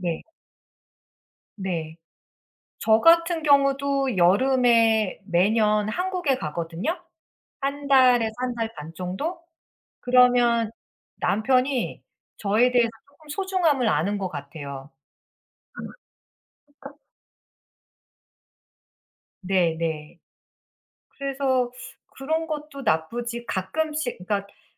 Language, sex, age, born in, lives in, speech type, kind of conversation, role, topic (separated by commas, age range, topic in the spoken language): Korean, female, 45-49, United States, United States, conversation, unstructured, none, 평생 한 도시에서만 사는 것과 여러 도시를 옮겨 다니며 사는 것 중 어떤 삶을 선택하시겠습니까?